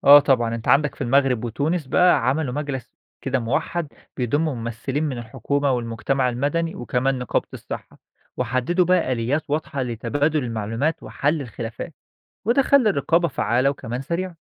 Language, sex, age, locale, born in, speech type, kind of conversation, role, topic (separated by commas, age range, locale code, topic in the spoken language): Arabic, male, 25-29, ar-EG, Egypt, read, expert-assistant, expert, إيه الفوايد والمخاطر لو شركات القطاع الخاص دخلت أكتر في إدارة المستشفيات العامة؟